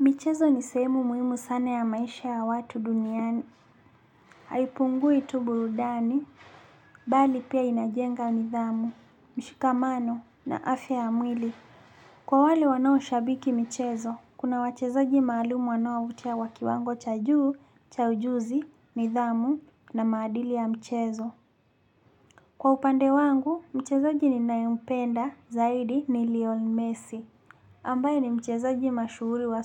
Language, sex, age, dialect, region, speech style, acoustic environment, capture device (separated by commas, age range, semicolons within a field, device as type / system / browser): Swahili, male, 25-34, Kenya - Nairobi Sheng-influenced, Kenya, happy, silence, desktop / Linux / Chrome